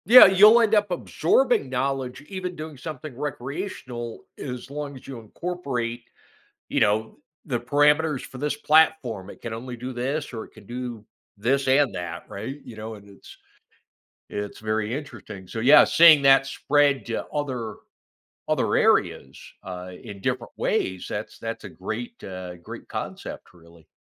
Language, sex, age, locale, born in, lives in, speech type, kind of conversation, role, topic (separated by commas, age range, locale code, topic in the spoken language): English, male, 55-59, en-US, United States, United States, conversation, podcast, host, What are some ways to inspire curiosity and excitement in learning?